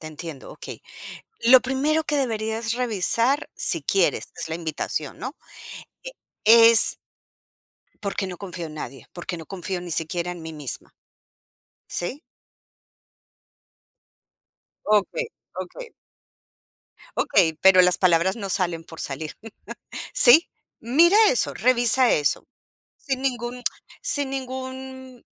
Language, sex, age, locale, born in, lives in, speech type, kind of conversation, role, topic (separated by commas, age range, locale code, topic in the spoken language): Spanish, female, 45-49, es-CO, Colombia, United States, conversation, advice, advisor, ¿Cómo puedo manejar mi miedo al compromiso y dejar de evitar definir la relación?